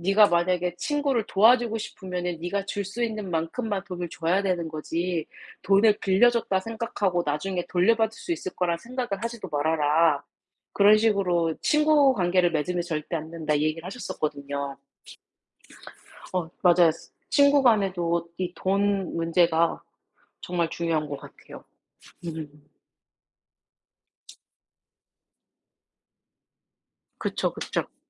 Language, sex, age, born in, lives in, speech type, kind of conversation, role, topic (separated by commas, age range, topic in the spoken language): Korean, female, 30-34, South Korea, United States, conversation, unstructured, none, 당신이 생각하는 좋은 친구는 어떤 사람인가요?